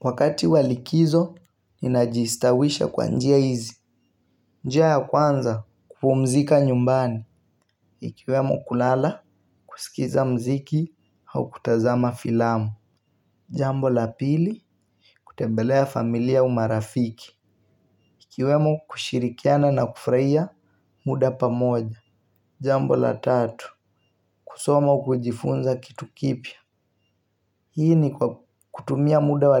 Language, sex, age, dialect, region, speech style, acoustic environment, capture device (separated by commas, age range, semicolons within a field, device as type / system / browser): Swahili, male, 18-24, Kenya - Mombasa, Kenya, relaxed, music, mobile / Linux / Chrome